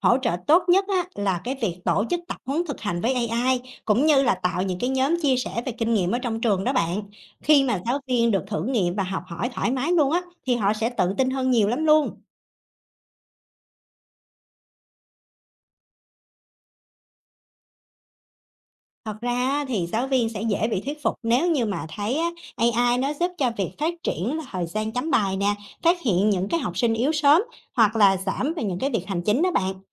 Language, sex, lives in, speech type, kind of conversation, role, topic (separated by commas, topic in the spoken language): Vietnamese, female, Vietnam, read, expert-assistant, expert, Nếu chỉ được đầu tư vào một công nghệ giáo dục trong năm nay, đâu là lựa chọn quan trọng nhất: trí tuệ nhân tạo, lớp học ảo, hay các nền tảng học tập tương tác?